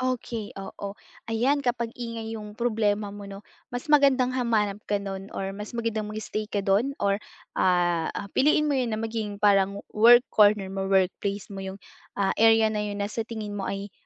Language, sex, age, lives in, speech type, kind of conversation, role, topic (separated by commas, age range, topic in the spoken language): Filipino, female, 20-24, Philippines, conversation, advice, advisor, Paano ako mananatiling nakapokus kahit maraming distraksyon sa paligid?